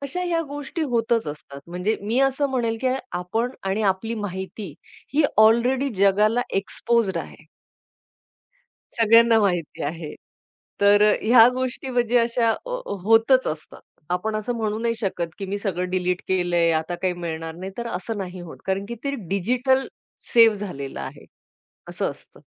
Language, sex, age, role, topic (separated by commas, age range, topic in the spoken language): Marathi, female, 40-44, guest, अल्गोरिदम लोकांना नेमकं काय पाहायला भाग पाडतात?